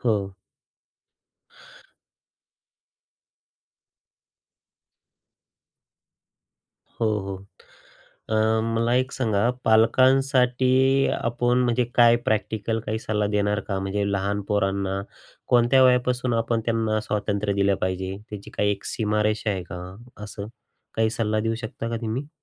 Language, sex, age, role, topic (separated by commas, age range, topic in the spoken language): Marathi, male, 35-39, host, लहानपणी आईवडिलांनी तुम्हाला किती स्वातंत्र्य दिलं, आणि त्याचा तुमच्यावर काय परिणाम झाला?